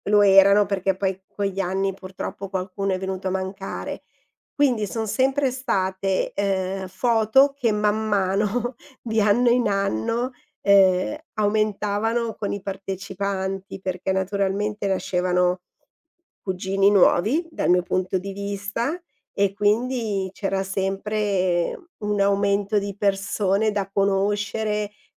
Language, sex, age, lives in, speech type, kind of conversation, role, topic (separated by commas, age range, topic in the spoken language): Italian, female, 50-54, Italy, conversation, podcast, guest, Che importanza hanno i pasti condivisi nel creare comunità?